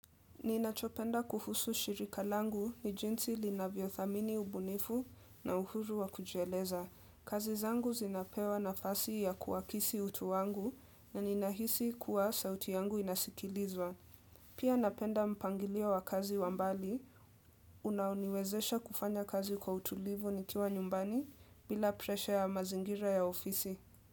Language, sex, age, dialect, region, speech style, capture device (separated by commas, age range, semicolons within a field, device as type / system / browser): Swahili, female, 18-24, Kenya - Nairobi Sheng-influenced, Kenya, relaxed, desktop / Windows / Edge